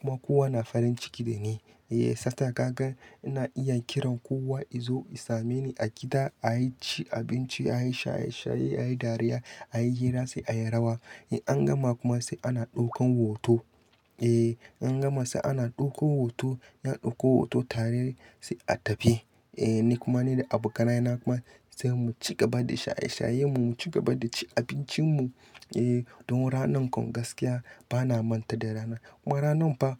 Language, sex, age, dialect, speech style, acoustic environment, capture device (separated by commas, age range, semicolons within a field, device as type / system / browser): Hausa, male, 25-34, Nigeria - Katsina, happy; focused, nature, mobile / Linux / Chrome